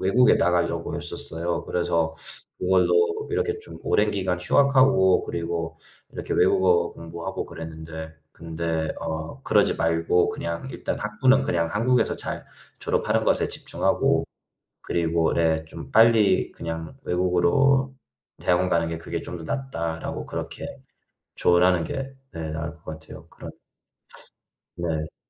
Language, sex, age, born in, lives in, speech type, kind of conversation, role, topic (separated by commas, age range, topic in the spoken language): Korean, male, 25-29, United States, United States, conversation, unstructured, none, 어린 시절로 돌아갈 수 있다면 그때의 나에게 어떤 조언을 해 주고 싶으신가요?